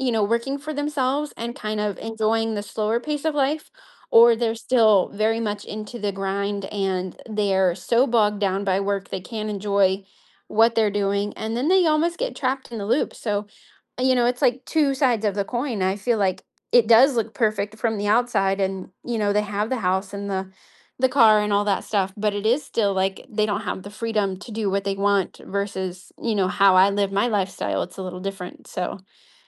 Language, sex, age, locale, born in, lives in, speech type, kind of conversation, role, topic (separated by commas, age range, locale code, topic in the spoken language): English, female, 30-34, en-US, United States, United States, conversation, advice, user, How can I get unstuck from my goals?